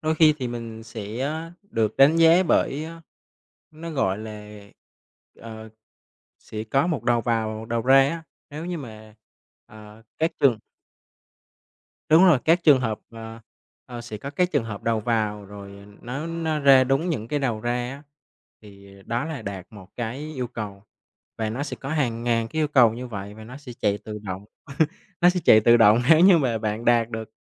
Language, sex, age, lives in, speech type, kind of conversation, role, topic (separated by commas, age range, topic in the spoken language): Vietnamese, male, 25-29, Vietnam, conversation, podcast, guest, Bạn thích học nhóm hay học một mình, và vì sao?